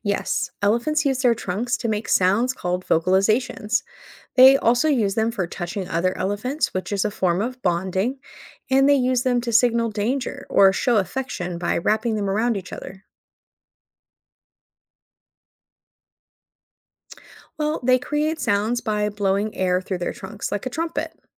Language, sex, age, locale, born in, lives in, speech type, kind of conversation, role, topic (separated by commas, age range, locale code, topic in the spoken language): English, female, 35-39, en-US, United States, United States, read, expert-assistant, expert, How do elephants use their trunks like hands?